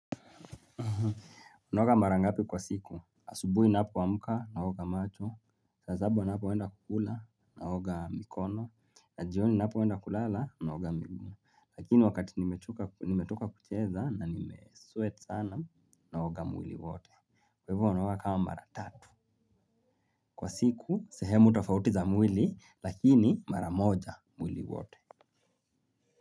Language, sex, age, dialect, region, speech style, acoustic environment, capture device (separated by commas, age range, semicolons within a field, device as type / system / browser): Swahili, male, 25-34, Kenya - Nairobi Sheng-influenced, Kenya, relaxed; focused, nature, mobile / Linux / Chrome